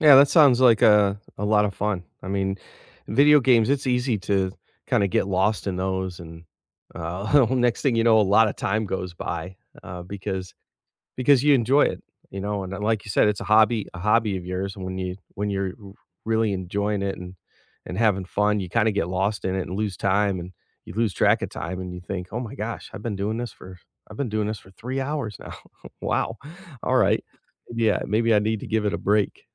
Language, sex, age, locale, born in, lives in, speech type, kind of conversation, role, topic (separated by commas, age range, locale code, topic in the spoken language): English, male, 50-54, en-US, United States, United States, conversation, unstructured, none, Which hobby have you rediscovered recently, and what brought it back into your life now?